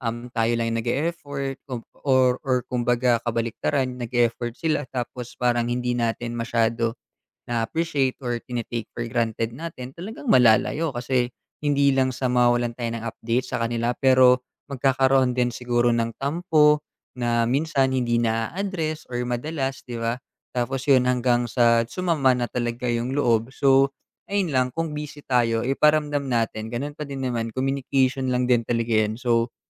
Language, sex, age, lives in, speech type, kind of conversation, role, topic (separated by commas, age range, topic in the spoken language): Filipino, male, 25-29, Philippines, conversation, podcast, guest, Paano mo pinananatiling malapit ang pagkakaibigan ninyo kahit magkalayo kayo?